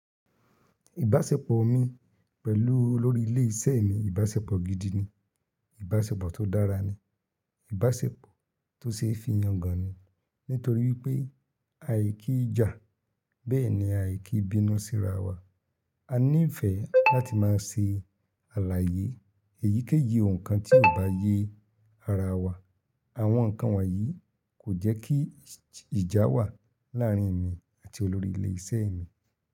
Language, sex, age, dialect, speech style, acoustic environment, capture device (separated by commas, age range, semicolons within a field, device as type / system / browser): Yoruba, male, 25-34, Nigeria - Ibadan, happy, nature; silence, mobile / Linux / Chrome